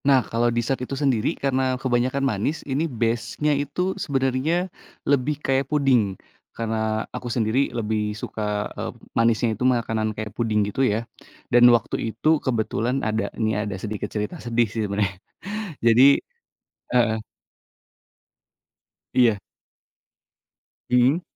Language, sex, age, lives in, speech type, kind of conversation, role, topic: Indonesian, male, 30-34, Indonesia, conversation, podcast, guest, Apa yang paling kamu nikmati dari memasak kreatif?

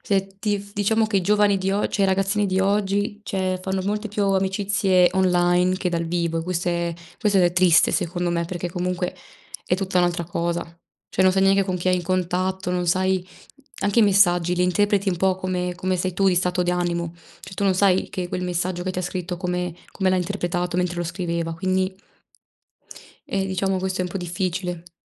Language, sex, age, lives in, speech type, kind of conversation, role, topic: Italian, female, 20-24, Italy, conversation, unstructured, none, In che modo la tecnologia ti aiuta a restare in contatto con gli altri?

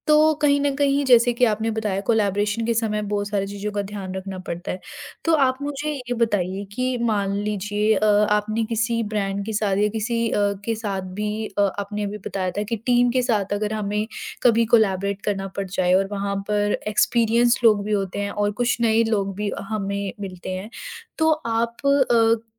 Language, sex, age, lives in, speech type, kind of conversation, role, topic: Hindi, female, 20-24, India, conversation, podcast, host, Jab aap kisi ke saath milkar kaam karte hain, to bharosa kaise banate hain?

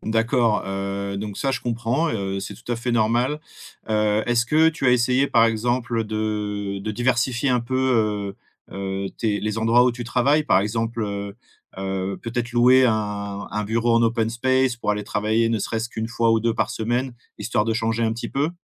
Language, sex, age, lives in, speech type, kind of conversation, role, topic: French, male, 35-39, France, conversation, advice, advisor, Comment s’est passée votre transition vers le télétravail et quelles difficultés rencontrez-vous pour instaurer des limites entre vie professionnelle et vie personnelle ?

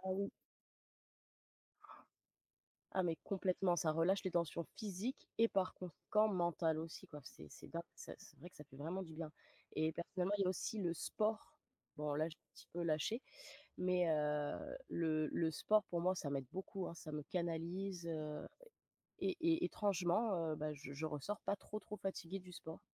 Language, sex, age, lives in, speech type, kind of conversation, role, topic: French, female, 30-34, France, conversation, unstructured, none, Comment gères-tu le stress dans ta vie de tous les jours ?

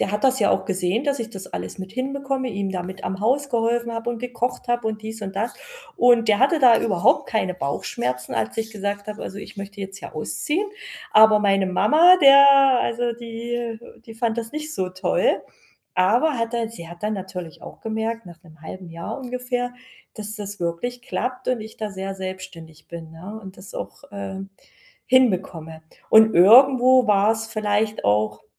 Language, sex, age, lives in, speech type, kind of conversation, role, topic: German, female, 40-44, Germany, conversation, podcast, guest, Was war dein mutigster Schritt in Richtung Veränderung?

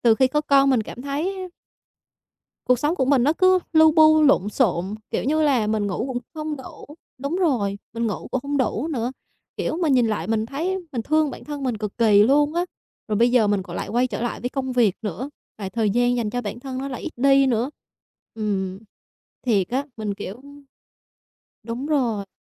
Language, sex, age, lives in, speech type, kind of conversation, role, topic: Vietnamese, female, 25-29, Vietnam, conversation, advice, user, Làm sao để tôi cân bằng nhu cầu cá nhân với gia đình mà vẫn không làm gia đình buồn?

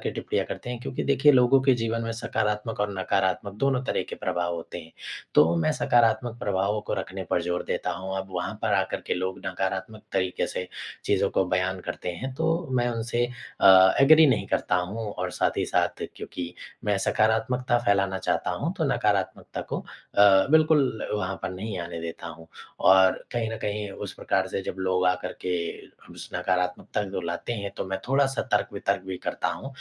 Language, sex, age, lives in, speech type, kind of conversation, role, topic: Hindi, male, 25-29, India, conversation, advice, user, सोशल मीडिया पर सार्वजनिक आलोचना और टिप्पणियों से आपको किस तरह परेशानी हो रही है?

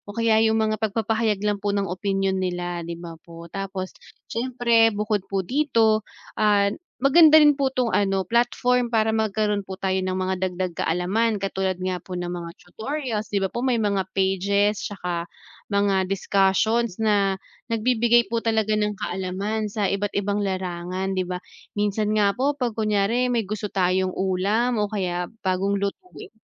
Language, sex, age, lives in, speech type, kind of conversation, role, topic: Filipino, female, 30-34, Philippines, conversation, unstructured, none, Ano ang palagay mo sa araw-araw na paggamit ng midyang panlipunan?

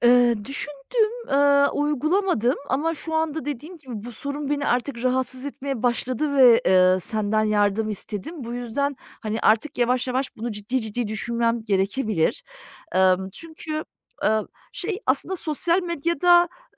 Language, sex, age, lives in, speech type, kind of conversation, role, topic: Turkish, female, 40-44, Germany, conversation, advice, user, Dikkatini dağıtan alışkanlıklardan neden uzak duramıyorsun?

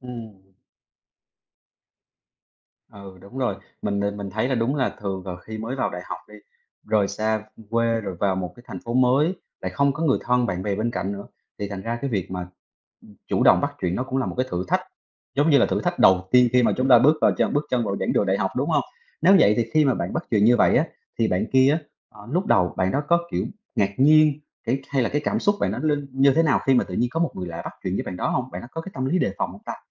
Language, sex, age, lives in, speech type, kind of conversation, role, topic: Vietnamese, male, 30-34, Vietnam, conversation, podcast, host, Bạn thường bắt chuyện với người lạ bằng cách nào?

